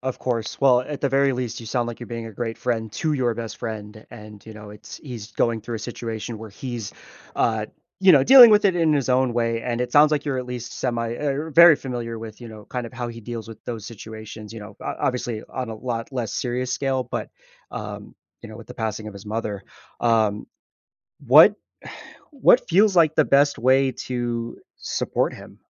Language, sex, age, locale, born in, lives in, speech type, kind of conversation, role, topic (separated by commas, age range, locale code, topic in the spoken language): English, male, 30-34, en-US, United States, United States, conversation, advice, advisor, How can I help a grieving friend?